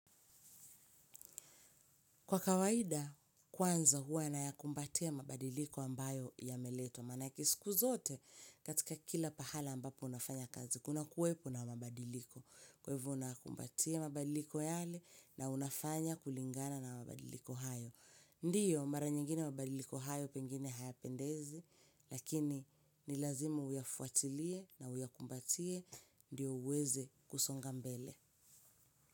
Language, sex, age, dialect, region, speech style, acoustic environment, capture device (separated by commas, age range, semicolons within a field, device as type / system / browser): Swahili, female, 25-34, Kenya - Mombasa, Kenya, happy; relaxed; excited, silence, mobile / Linux / Chrome